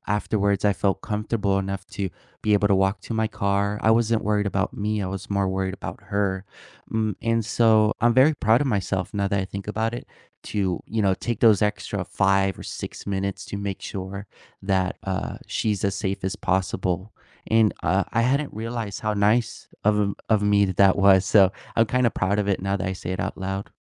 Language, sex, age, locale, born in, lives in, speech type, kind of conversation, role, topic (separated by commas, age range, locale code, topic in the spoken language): English, male, 20-24, en-US, United States, United States, conversation, unstructured, none, How do you feel when you help a coworker succeed?